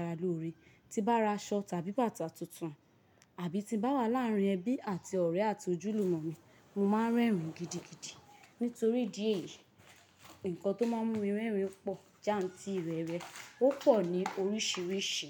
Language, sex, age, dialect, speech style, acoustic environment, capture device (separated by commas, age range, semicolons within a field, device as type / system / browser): Yoruba, female, 25-34, Nigeria - Lagos, happy, nature, mobile / Linux / Chrome